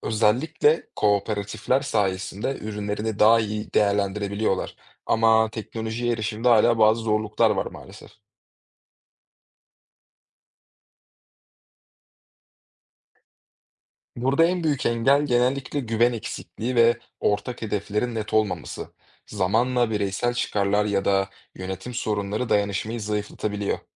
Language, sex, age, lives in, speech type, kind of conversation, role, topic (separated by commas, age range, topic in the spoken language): Turkish, male, 25-29, Germany, read, expert-assistant, expert, Küçük ölçekli aile çiftçiliği ile büyük ölçekli endüstriyel hayvancılığın artıları ve eksileri nelerdir?